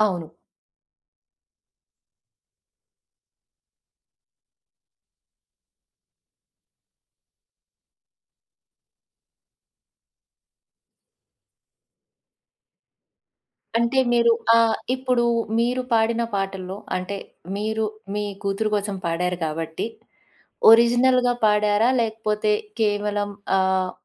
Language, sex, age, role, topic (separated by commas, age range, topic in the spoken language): Telugu, female, 35-39, host, కుటుంబంతో కలిసి మీరు పాడడానికి ఇష్టపడే పాట ఏది?